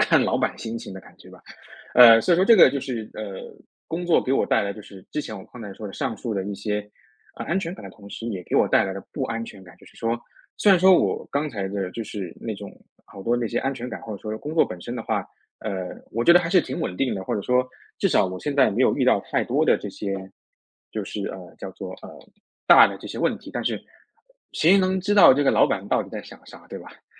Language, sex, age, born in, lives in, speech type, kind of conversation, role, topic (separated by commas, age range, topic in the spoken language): Chinese, male, 30-34, China, United States, conversation, podcast, guest, 老实说，你的工作能给你带来安全感吗？